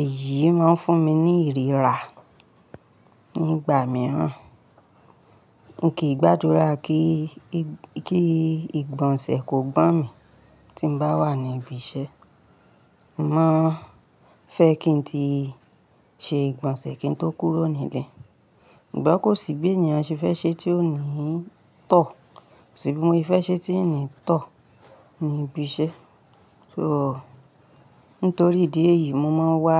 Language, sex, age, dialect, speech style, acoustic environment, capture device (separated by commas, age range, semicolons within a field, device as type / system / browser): Yoruba, female, 35-44, Nigeria - Ibadan, happy; relaxed; focused, appliances; nature; people talking; traffic, mobile / Linux / Chrome